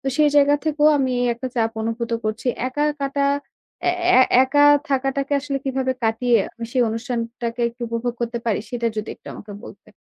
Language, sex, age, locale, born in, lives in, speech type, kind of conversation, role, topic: Bengali, female, 25-29, bn-BD, Bangladesh, Bangladesh, conversation, advice, user, সামাজিক অনুষ্ঠানে গেলে অতিরিক্ত চাপ অনুভব করলে আমি কী করতে পারি?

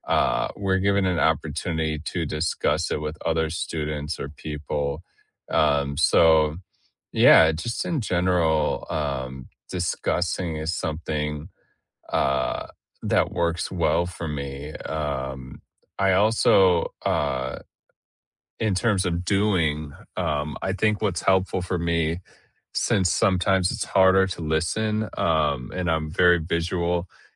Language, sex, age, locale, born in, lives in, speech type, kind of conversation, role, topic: English, male, 30-34, en-US, United States, United States, conversation, unstructured, none, How do you prefer to learn—by listening, doing, or discussing?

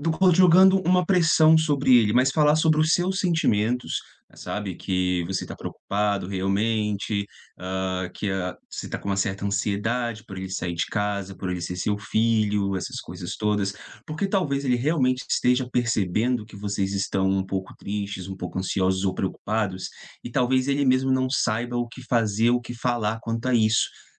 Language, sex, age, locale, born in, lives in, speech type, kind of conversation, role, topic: Portuguese, male, 30-34, pt-BR, Brazil, Portugal, conversation, advice, advisor, Como lidar com o ninho vazio e a sensação de perda de propósito quando os filhos saem de casa?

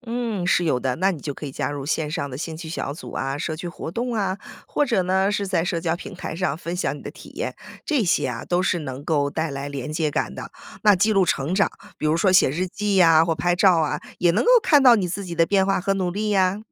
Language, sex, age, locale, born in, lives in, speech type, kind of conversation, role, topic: Chinese, female, 35-39, zh-CN, United States, United States, read, expert-assistant, expert, 经历生病或身体变化后，人会怎么看待自己？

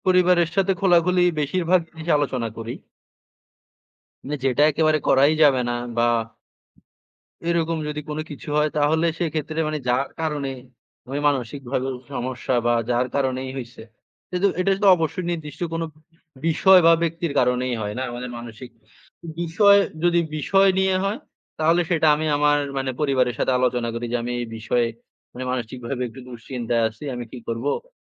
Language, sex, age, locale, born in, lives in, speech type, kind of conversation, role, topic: Bengali, male, 20-24, bn-BD, Bangladesh, Bangladesh, conversation, unstructured, none, কেন অনেকেই মানসিক স্বাস্থ্য নিয়ে কথা বলতে ভয় পান?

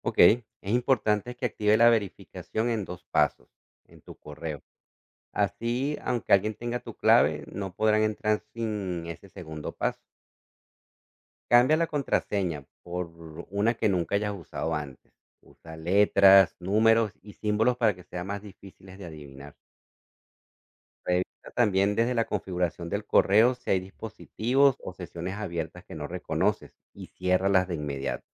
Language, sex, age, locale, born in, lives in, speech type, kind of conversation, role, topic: Spanish, male, 40-44, es-CO, Venezuela, United States, read, expert-assistant, expert, Explica la importancia de la seguridad digital en la vida moderna.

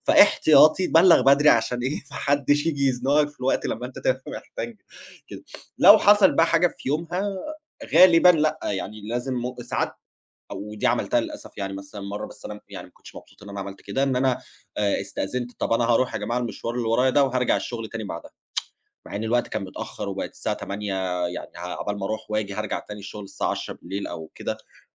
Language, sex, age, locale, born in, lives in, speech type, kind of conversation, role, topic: Arabic, male, 30-34, ar-EG, Egypt, Germany, conversation, podcast, guest, إزاي بتحط حدود بين الشغل ووقتك الشخصي؟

